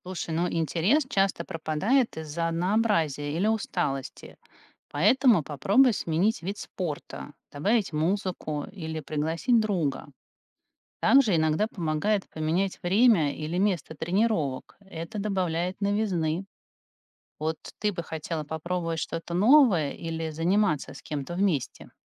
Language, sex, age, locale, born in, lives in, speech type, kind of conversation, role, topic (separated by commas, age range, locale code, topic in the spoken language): Russian, female, 45-49, ru-RU, Russia, United States, read, expert-assistant, expert, Что помогает взрослым людям не бросать спорт после первых трудностей?